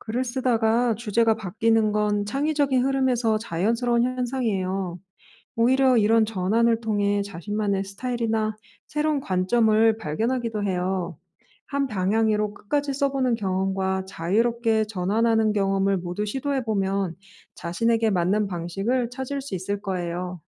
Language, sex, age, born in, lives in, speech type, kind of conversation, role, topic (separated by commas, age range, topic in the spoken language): Korean, female, 35-39, South Korea, France, read, expert-assistant, expert, 창의적인 글쓰기 실력을 키우려면 어떤 습관이 도움이 될까요?